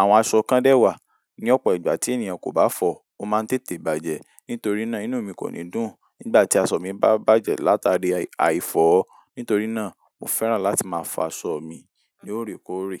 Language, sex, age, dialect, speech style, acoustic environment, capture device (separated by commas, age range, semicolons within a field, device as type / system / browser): Yoruba, male, 18-24, Nigeria - Ibadan, focused; relaxed, silence; nature, mobile / Linux / Chrome